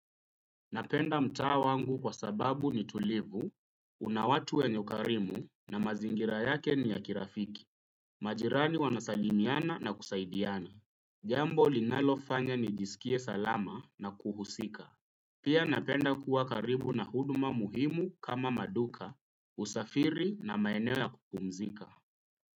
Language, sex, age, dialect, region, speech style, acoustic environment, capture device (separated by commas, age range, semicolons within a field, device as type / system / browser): Swahili, male, 25-34, Kenya - Nairobi Sheng-influenced, Kenya, relaxed; focused, nature; silence, desktop / Windows / Chrome